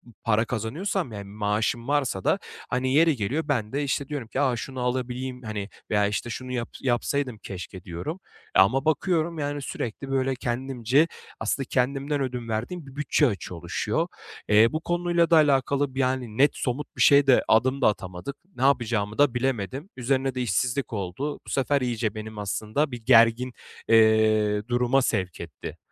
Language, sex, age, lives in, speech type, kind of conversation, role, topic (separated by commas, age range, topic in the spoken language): Turkish, male, 25-29, Bulgaria, conversation, advice, user, Para ya da borç yüzünden bir arkadaşınla aranda gerginlik yaşadıysan bunu nasıl çözebilirsin?